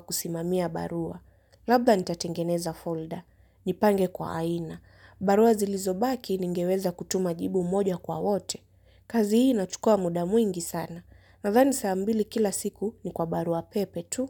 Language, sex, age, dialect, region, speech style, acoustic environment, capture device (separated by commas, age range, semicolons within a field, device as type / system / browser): Swahili, female, 18-24, Kenya - Mombasa, Kenya, stressed; happy, nature, mobile / Linux / Chrome